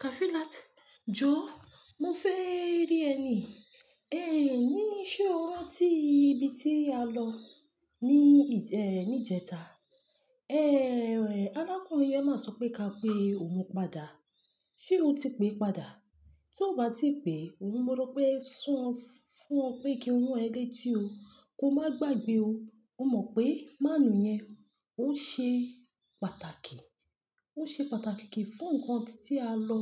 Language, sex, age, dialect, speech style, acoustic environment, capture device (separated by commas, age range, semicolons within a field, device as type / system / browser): Yoruba, female, 25-34, Nigeria - Lagos Yoruba, happy, silence, mobile / Linux / Chrome